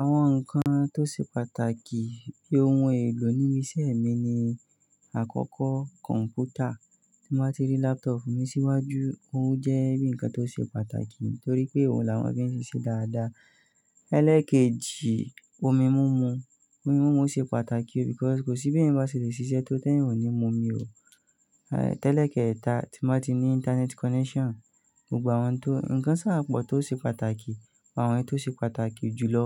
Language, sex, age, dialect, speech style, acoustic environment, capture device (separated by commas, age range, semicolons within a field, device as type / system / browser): Yoruba, male, 25-34, Nigeria - Nigerian Standard, focused, silence, mobile / Linux / Chrome